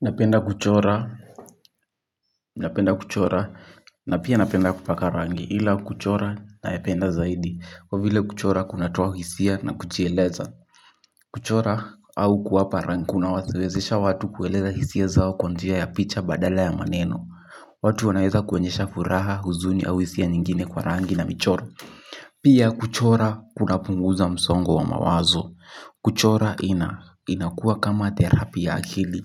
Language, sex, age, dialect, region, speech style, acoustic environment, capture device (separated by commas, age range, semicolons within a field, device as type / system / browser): Swahili, male, 18-24, Kenya - Kiambu, Kenya, anxious, silence, mobile / Linux / Chrome